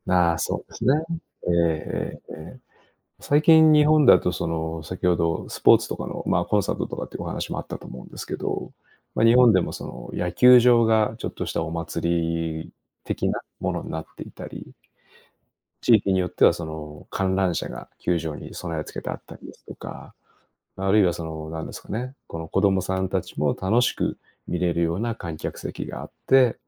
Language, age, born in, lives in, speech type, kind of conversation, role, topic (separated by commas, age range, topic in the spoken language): Japanese, 45-49, Japan, Japan, conversation, unstructured, none, お祭りに参加したことはありますか？どんな思い出がありますか？